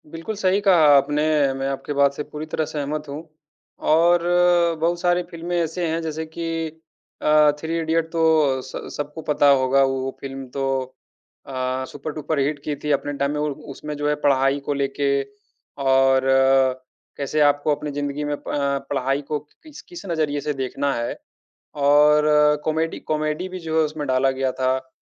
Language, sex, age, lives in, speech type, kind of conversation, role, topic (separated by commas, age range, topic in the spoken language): Hindi, male, 30-34, India, conversation, unstructured, none, आप किस तरह की फिल्में सबसे ज़्यादा देखना पसंद करते हैं?